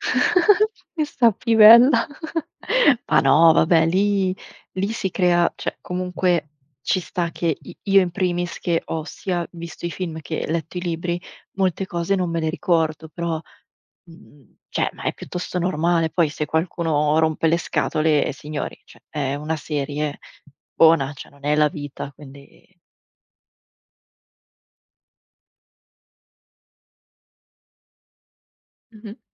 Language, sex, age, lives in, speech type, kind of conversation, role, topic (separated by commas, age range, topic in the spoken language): Italian, female, 25-29, Italy, conversation, unstructured, none, Ti ricordi un momento in cui ti sei sentito davvero felice?